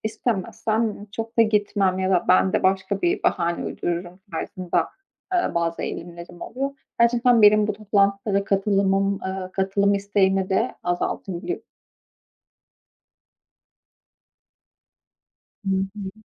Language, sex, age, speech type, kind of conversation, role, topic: Turkish, female, 25-29, conversation, advice, user, Arkadaşımın randevuları sürekli iptal etmesi beni hayal kırıklığına uğratıyorsa ne yapmalıyım?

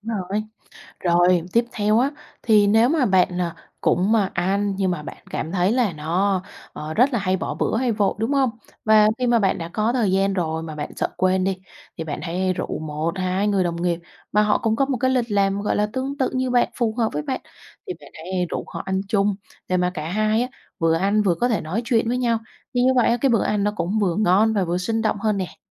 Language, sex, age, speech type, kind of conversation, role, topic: Vietnamese, female, 25-29, conversation, advice, advisor, Bạn có thường xuyên bỏ bữa hoặc ăn vội vì quá bận không?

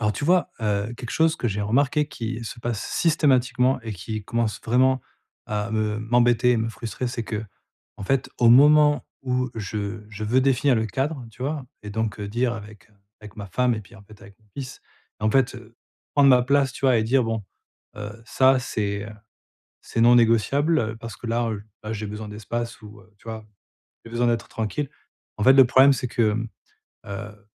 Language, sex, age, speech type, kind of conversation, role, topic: French, male, 40-44, conversation, advice, user, Comment puis-je poser mes limites sans déclencher une dispute familiale ?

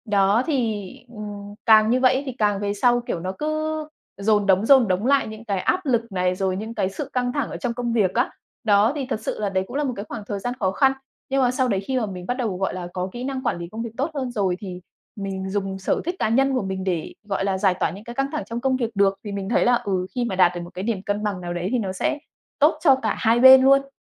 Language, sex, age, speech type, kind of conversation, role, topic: Vietnamese, female, 30-34, conversation, podcast, guest, Bạn cân bằng giữa công việc và sở thích như thế nào?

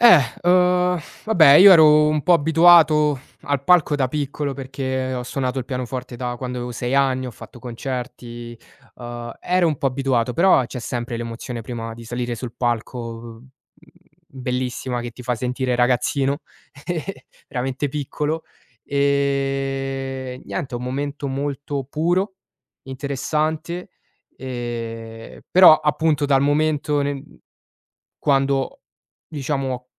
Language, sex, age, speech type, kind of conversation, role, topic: Italian, male, 20-24, conversation, podcast, guest, Che ruolo ha il pubblico durante un'esibizione dal vivo, secondo te?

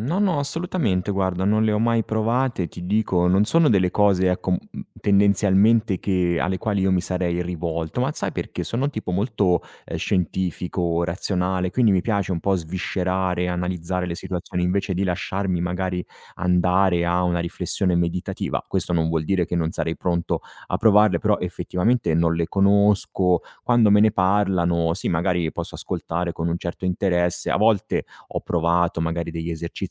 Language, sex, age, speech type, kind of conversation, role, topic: Italian, male, 35-39, conversation, advice, user, Come posso affrontare il disorientamento dopo molti cambiamenti e la sensazione di aver perso la normalità?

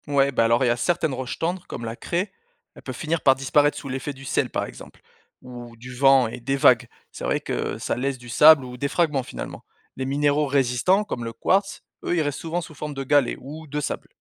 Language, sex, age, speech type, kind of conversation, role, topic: French, male, 35-39, read, expert-assistant, expert, Comment peut-on reconnaître les différentes roches en se promenant dehors ?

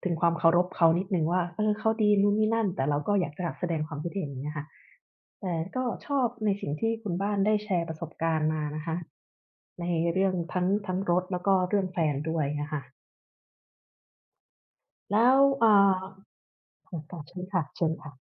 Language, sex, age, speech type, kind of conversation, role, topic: Thai, female, 35-39, conversation, unstructured, none, เคยมีครั้งไหนที่คุณต้องยอมคนอื่นทั้งที่ไม่อยากทำไหม?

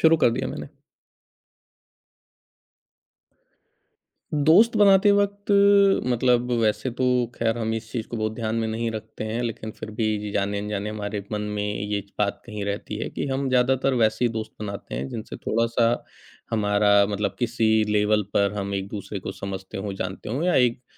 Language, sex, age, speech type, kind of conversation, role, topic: Hindi, male, 40-44, conversation, podcast, host, दोस्त बनाते समय आप सांस्कृतिक अंतरों को कैसे संभालते हैं?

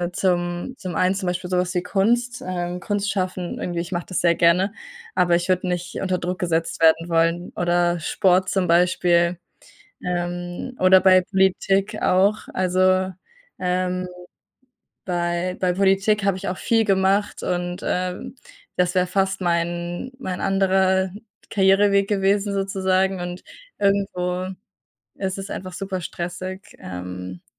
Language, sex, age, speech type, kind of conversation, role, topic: German, female, 20-24, conversation, unstructured, none, Wie stellst du dir deinen Traumjob vor?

